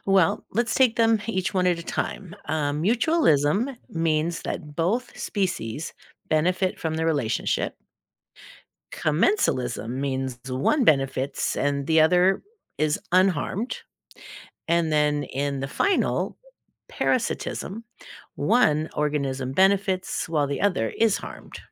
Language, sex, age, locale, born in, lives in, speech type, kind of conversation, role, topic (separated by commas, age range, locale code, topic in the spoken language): English, female, 60-64, en-US, United States, United States, read, expert-assistant, expert, What is the role of symbiosis in nature?